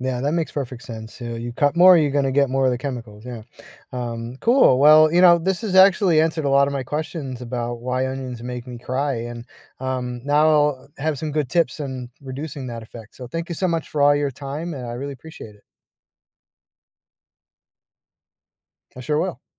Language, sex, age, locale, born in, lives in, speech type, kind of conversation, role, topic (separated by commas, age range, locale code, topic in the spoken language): English, male, 45-49, en-US, United States, United States, read, expert-assistant, asker, Why do onions cause tears when chopped?